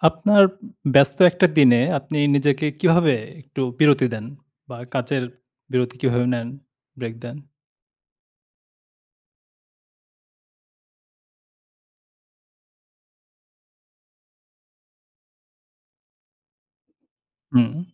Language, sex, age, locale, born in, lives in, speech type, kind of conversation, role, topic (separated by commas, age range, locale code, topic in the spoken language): Bengali, male, 30-34, bn-BD, Bangladesh, Bangladesh, conversation, podcast, host, ব্যস্ত দিনে তুমি কীভাবে শরীর ও মনকে একটু বিশ্রাম দাও?